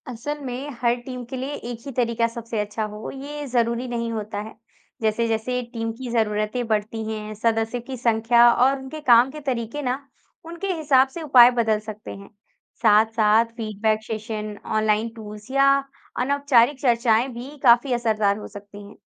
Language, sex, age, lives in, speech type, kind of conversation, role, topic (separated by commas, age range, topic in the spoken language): Hindi, female, 20-24, India, read, expert-assistant, expert, मल्टी-लिंगुअल कार्यस्थलों में अक्सर गलतफहमी क्यों होती है? इन संचार समस्याओं की मुख्य वजहें क्या हो सकती हैं?